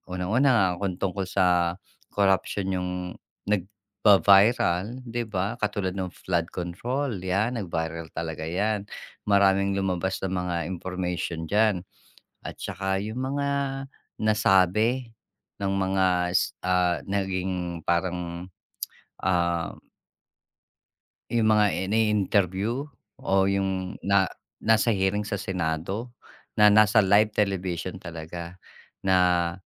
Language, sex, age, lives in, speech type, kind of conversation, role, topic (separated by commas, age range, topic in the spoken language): Filipino, male, 45-49, Philippines, conversation, podcast, guest, Ano ang palagay mo sa mga viral na uso sa social media ngayon?